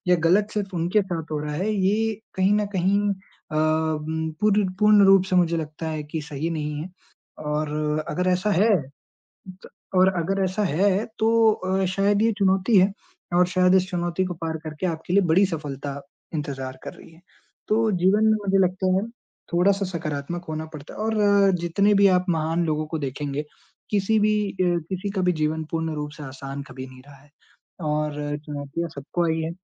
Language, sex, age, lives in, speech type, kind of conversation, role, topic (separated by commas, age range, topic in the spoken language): Hindi, male, 20-24, India, conversation, unstructured, none, आपके अनुसार असफलता से क्या सीखना चाहिए?